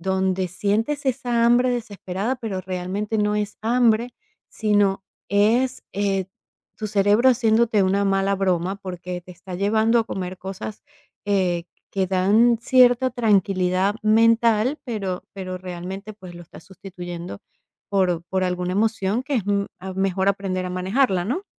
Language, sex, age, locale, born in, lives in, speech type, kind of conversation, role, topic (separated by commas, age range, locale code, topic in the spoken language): Spanish, female, 45-49, es-VE, Venezuela, United States, conversation, advice, advisor, ¿En qué momentos y de qué manera comes cuando estás estresado(a) o con emociones intensas?